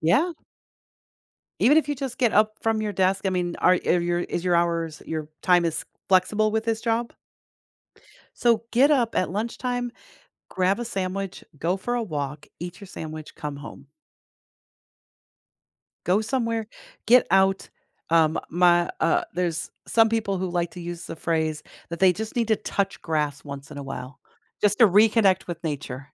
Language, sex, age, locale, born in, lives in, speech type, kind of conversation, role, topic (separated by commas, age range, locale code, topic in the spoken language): English, female, 50-54, en-US, United States, United States, conversation, advice, advisor, How can I manage stress from daily responsibilities more effectively?